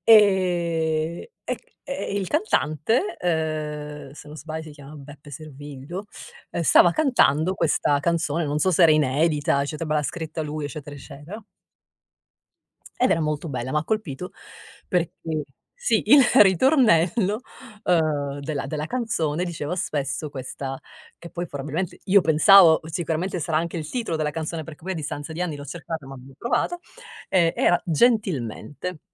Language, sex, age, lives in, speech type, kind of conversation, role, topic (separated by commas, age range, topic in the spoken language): Italian, female, 50-54, United States, conversation, podcast, guest, Qual è la canzone che ti ha cambiato la vita?